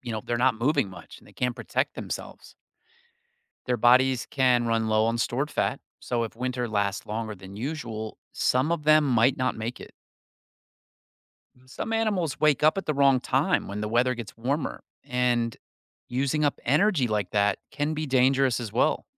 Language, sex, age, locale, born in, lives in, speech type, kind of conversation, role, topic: English, male, 45-49, en-US, United States, United States, read, expert-assistant, expert, How do animals survive winter and why do some hibernate?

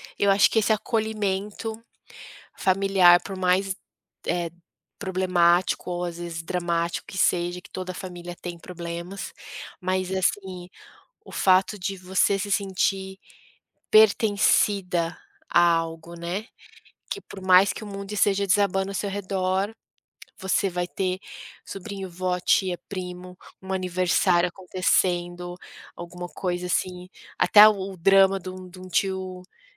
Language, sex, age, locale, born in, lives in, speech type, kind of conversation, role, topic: Portuguese, female, 35-39, pt-BR, Brazil, United States, conversation, podcast, guest, Como sua cidade natal influenciou quem você é hoje?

